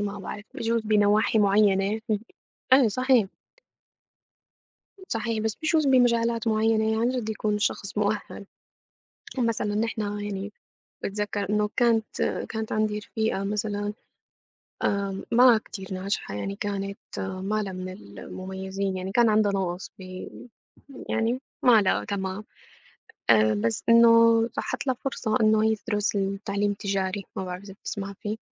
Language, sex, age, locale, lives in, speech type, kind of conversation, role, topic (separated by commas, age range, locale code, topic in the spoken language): Arabic, female, 25-29, ar-SY, United States, conversation, unstructured, none, هل المدارس بتهتم بتعليم المهارات العملية كفاية؟